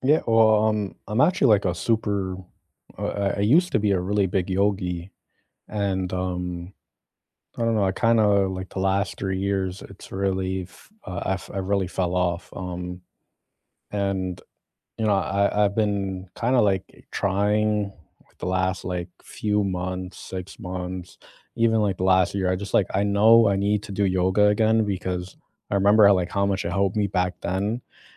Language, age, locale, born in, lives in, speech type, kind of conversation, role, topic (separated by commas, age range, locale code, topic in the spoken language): English, 25-29, en-US, United States, United States, conversation, unstructured, none, What small cultural habits have you picked up from other places?